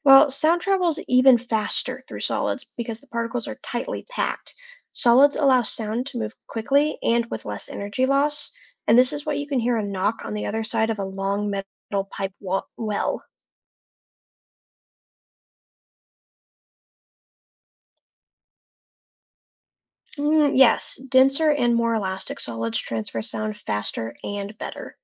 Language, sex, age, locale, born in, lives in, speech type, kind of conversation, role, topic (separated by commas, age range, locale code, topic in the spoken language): English, other, 20-24, en-US, United States, United States, read, expert-assistant, expert, How does sound travel through different mediums?